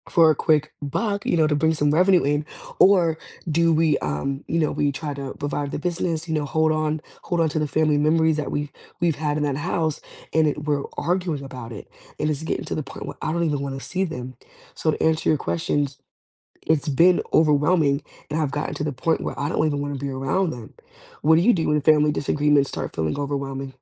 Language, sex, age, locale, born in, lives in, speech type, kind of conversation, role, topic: English, female, 20-24, en-US, United States, United States, conversation, unstructured, none, What do you do when family disagreements start to feel overwhelming?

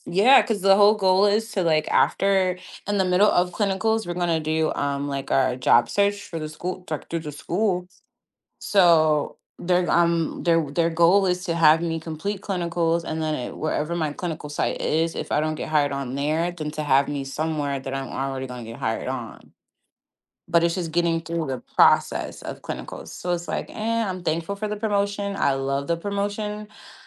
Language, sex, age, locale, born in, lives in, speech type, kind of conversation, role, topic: English, female, 30-34, en-US, United States, United States, conversation, advice, user, How can I position myself for a promotion at work?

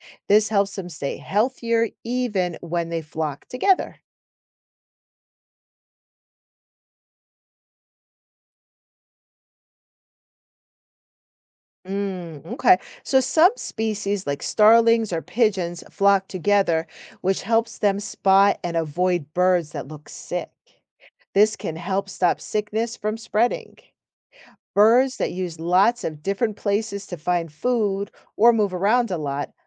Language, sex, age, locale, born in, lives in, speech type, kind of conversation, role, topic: English, female, 45-49, en-US, United States, United States, read, expert-assistant, expert, Why do some animals live in groups while others live alone?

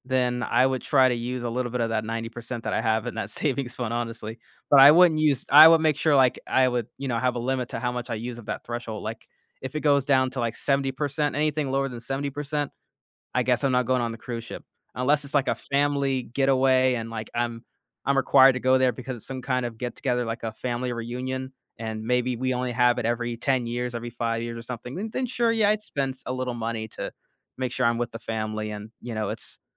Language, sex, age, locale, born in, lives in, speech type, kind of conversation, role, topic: English, male, 25-29, en-US, United States, United States, conversation, podcast, guest, What are some practical ways to build a strong saving habit from the beginning?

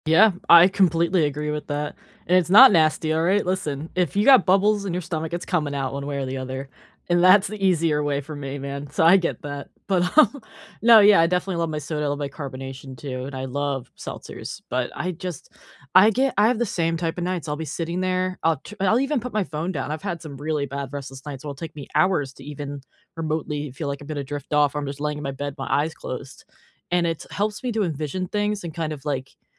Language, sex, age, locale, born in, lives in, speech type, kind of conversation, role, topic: English, female, 25-29, en-US, United States, United States, conversation, unstructured, none, How can you balance tech, time, and sleep to feel more connected?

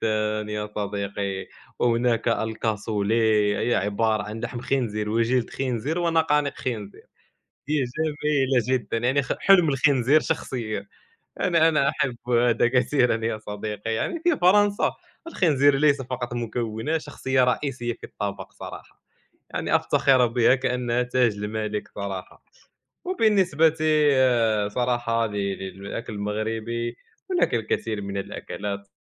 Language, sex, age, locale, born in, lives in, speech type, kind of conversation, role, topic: Arabic, male, 20-24, ar-MA, Morocco, France, conversation, unstructured, none, إيه المطبخ العالمي اللي نفسك تتقن فنونه؟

